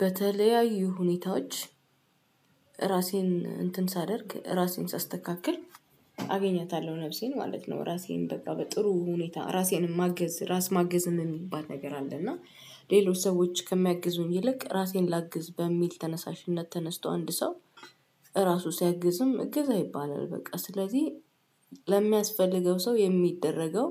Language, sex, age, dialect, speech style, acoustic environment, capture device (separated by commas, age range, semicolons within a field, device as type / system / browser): Amharic, female, 25-34, Ethiopia - Addis Ababa, excited, silence, desktop / Windows / Chrome